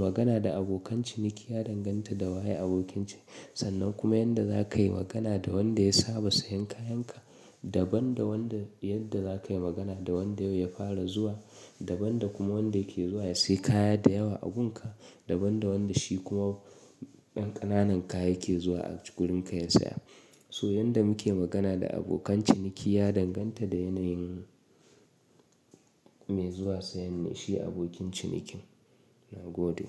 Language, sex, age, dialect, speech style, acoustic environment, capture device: Hausa, male, 25-34, Nigeria - Kano, excited; anxious, silence, mobile / Linux / Chrome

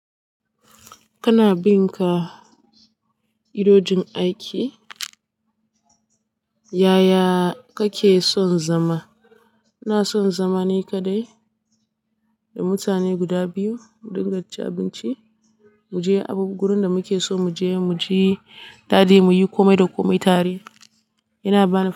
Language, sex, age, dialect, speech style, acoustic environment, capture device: Hausa, female, 18-24, Nigeria - Katsina, relaxed, silence, desktop / Linux / Chrome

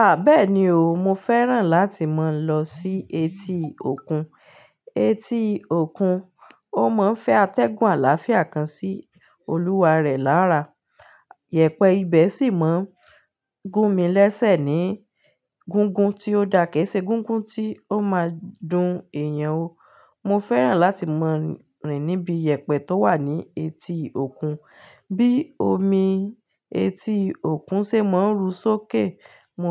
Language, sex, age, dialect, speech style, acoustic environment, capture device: Yoruba, female, 35-44, Nigeria - Ibadan, relaxed, silence, mobile / Linux / Chrome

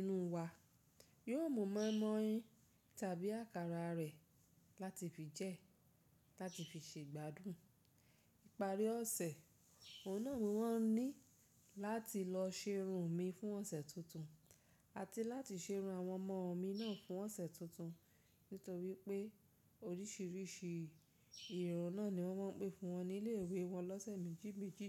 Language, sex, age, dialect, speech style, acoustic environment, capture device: Yoruba, female, 35-44, Nigeria - Lagos Yoruba, happy, silence, mobile / Linux / Chrome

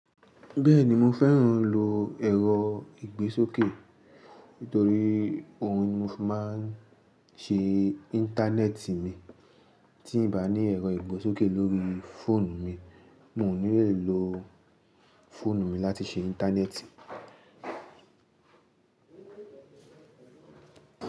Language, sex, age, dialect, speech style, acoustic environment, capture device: Yoruba, male, 25-34, Nigeria - Lagos Yoruba, happy; anxious; nervous; excited; tired; stressed; relaxed, people talking; construction; appliances; music; nature, mobile / Linux / Chrome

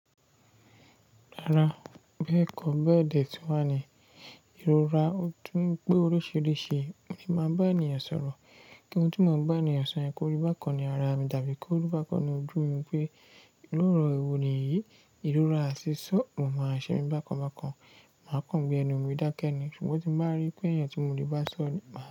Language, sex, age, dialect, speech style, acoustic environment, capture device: Yoruba, male, 25-34, Nigeria - Lagos Yoruba, relaxed, nature, mobile / Linux / Chrome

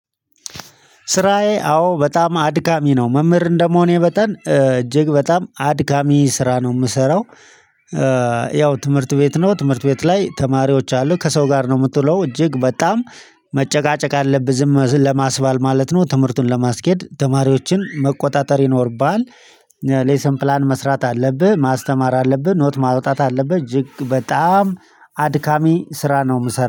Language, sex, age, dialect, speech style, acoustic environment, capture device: Amharic, male, 35-44, Ethiopia - Addis Ababa, excited, people talking, mobile / Linux / Chrome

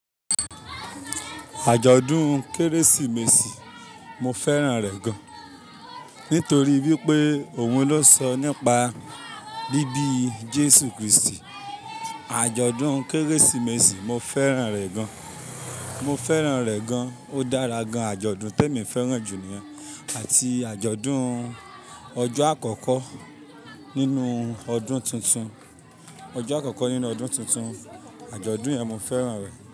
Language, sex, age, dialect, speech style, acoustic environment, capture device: Yoruba, male, 25-34, Nigeria - Ibadan, happy; anxious; nervous; tired, people talking; construction; traffic; silence; nature; appliances; music, mobile / Linux / Chrome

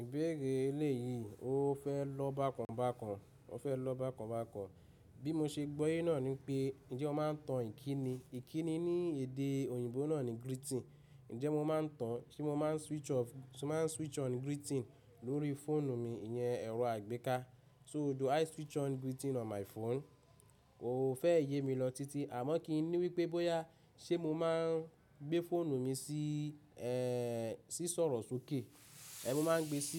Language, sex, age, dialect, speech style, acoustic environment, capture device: Yoruba, male, 25-34, Nigeria - Ibadan, excited, nature, mobile / Linux / Chrome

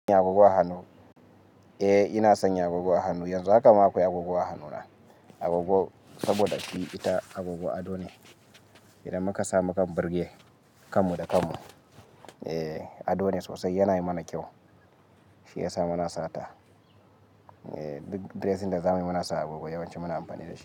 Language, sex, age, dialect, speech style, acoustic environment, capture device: Hausa, male, 25-34, Nigeria - Kano, happy, silence, mobile / Linux / Chrome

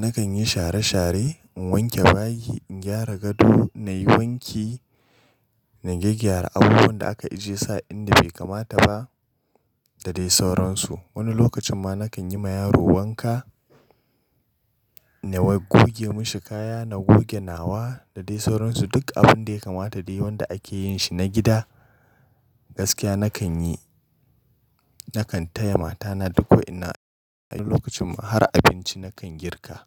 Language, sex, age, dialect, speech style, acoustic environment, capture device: Hausa, male, 25-34, Nigeria - Nigerian Standard, happy; excited; focused, nature; silence, mobile / Linux / Chrome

